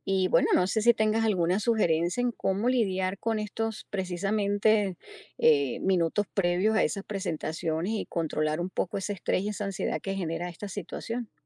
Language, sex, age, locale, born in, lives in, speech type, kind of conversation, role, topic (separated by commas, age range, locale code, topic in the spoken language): Spanish, female, 50-54, es-US, Venezuela, United States, conversation, advice, user, ¿Cómo puedo controlar los nervios al hablar en público?